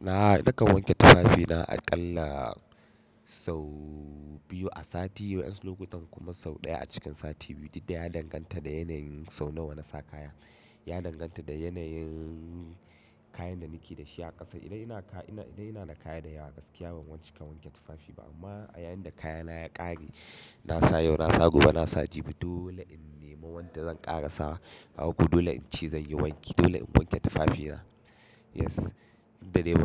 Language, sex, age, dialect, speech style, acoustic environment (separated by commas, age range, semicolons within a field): Hausa, male, 25-34, Nigeria - Katsina, happy, nature